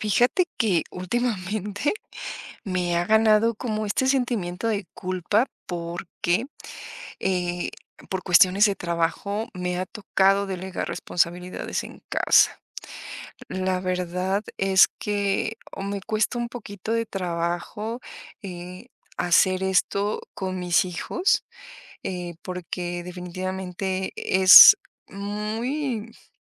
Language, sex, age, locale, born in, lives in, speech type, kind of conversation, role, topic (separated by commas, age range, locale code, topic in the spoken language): Spanish, female, 45-49, es-MX, Mexico, Mexico, conversation, advice, user, ¿Cómo te sientes al delegar responsabilidades en casa?